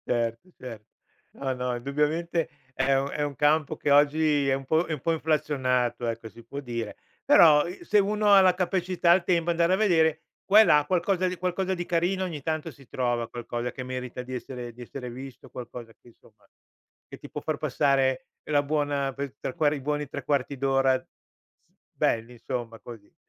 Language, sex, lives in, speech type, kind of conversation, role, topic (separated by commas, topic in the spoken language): Italian, male, Italy, conversation, podcast, host, Perché ami così tanto una serie TV?